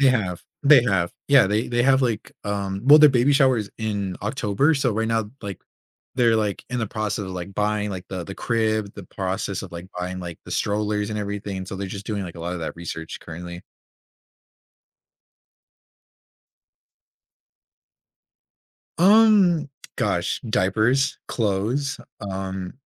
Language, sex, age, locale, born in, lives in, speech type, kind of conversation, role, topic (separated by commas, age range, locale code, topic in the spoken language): English, male, 20-24, en-US, United States, United States, conversation, advice, user, How do I balance excitement and overwhelm after having a new baby?